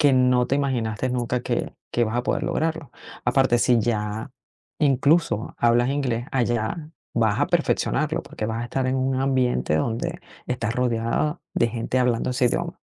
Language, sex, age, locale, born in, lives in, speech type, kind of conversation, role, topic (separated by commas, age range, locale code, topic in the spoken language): Spanish, female, 45-49, es-VE, Venezuela, United States, conversation, advice, advisor, ¿Debería aceptar una oferta de trabajo en el extranjero o mantener mi vida actual?